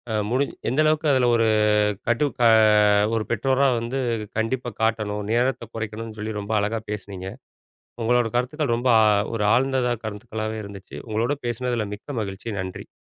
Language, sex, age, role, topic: Tamil, male, 40-44, host, குழந்தைகளின் திரை நேரத்தை நீங்கள் எப்படி நிர்ணயிப்பீர்கள்?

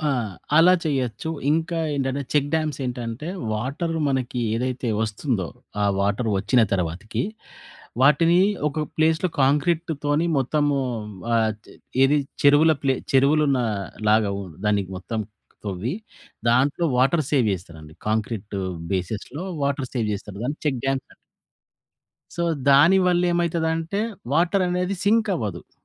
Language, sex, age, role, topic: Telugu, male, 40-44, guest, మన రోజువారీ జీవితంలో నీటిని సురక్షితంగా, పొదుపుగా ఎలా ఉపయోగించాలి?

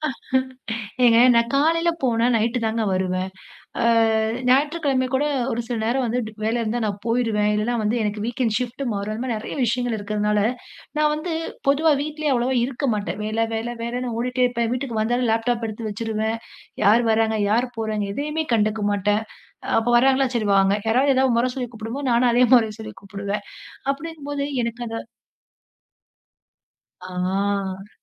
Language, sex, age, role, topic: Tamil, female, 30-34, guest, சம்பளத்தை மட்டும் வைத்து வெற்றியை நிர்ணயிக்க முடியுமா?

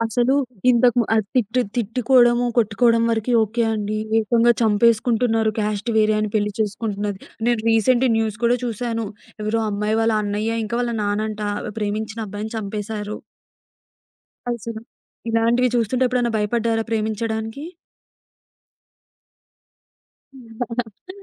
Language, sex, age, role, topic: Telugu, female, 20-24, host, జీవిత భాగస్వామిని ఎంచుకోవడంలో మీ ప్రమాణాలు ఏమిటి?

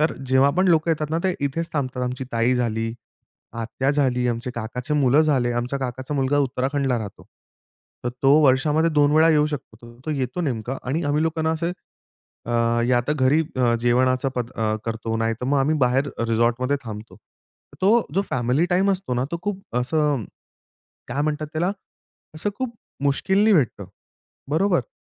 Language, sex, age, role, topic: Marathi, male, 25-29, guest, कुटुंबासोबतचा असा कोणता जेवणाचा प्रसंग तुम्हाला आजही खास आठवतो?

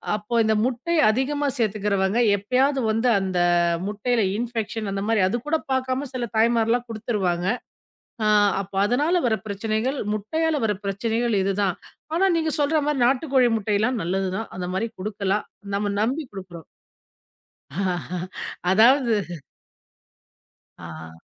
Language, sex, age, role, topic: Tamil, female, 40-44, host, பணச்சுமை அதிகப்படாமல் சத்தான உணவை எப்படி தயாரிக்கலாம்?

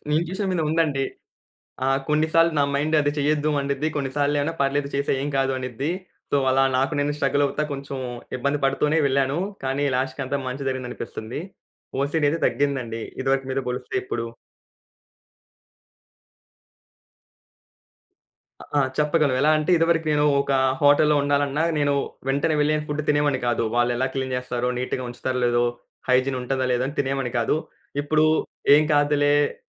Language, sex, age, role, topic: Telugu, male, 20-24, guest, ప్రయాణంలో మీరు ఒంటరిగా నేర్చుకున్న అత్యంత పెద్ద పాఠం ఏది?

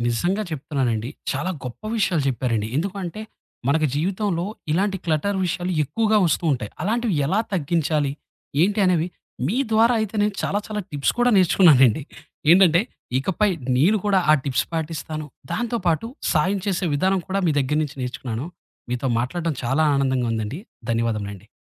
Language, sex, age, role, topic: Telugu, male, 30-34, host, క్లటర్ తగ్గించుకోవడానికి మీకు ఉపయోగపడే ఉత్తమ చిట్కాలు ఏమిటి?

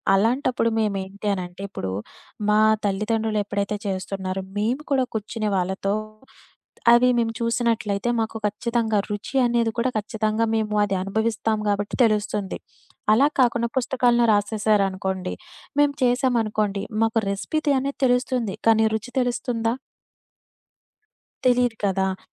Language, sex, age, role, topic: Telugu, female, 25-29, guest, పాత కుటుంబ వంటకాల రెసిపీలను మీరు ఎలా సంరక్షించి నిల్వ చేస్తారు?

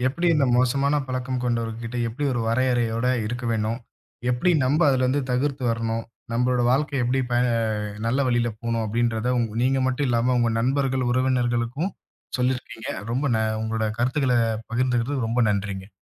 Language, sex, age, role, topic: Tamil, male, 25-29, host, மோசமான பழக்கங்கள் உள்ள ஒருவருடன் நீங்கள் எப்படிச் சரியான வரம்புகளை அமைப்பீர்கள்?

